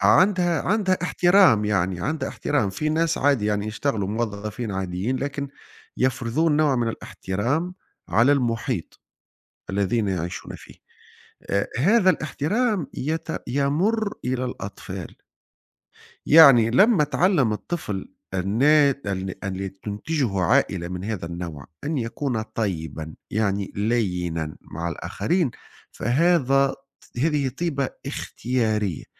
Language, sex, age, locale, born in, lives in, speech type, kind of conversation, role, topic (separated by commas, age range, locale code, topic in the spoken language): Arabic, male, 40-44, ar-TN, Tunisia, Italy, conversation, unstructured, none, هل إنت شايف إن الناس بتتولد طيبين ولا بيتعلموا الطيبة؟